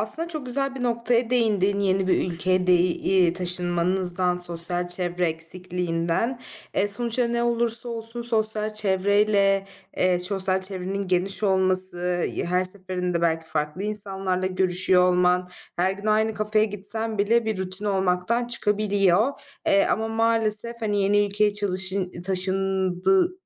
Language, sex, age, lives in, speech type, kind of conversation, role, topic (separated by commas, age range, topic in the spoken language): Turkish, female, 25-29, Germany, conversation, advice, advisor, Rutinden sıkıldığımda nasıl yenilikçi fikirler bulabilirim?